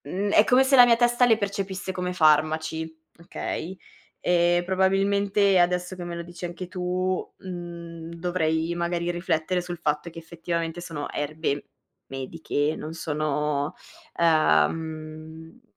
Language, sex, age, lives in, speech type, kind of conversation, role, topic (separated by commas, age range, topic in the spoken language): Italian, female, 25-29, Italy, conversation, advice, user, Da quanto tempo hai difficoltà a dormire o ti senti poco riposato anche dopo una notte di sonno?